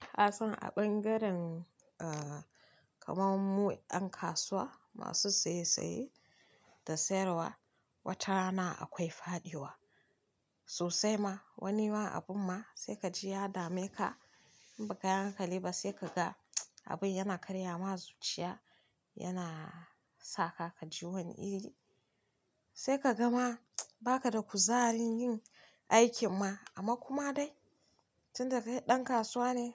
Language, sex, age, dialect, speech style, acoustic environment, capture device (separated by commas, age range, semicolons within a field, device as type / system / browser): Hausa, female, 25-34, Nigeria - Kano, happy; focused, people talking; nature, mobile / Linux / Chrome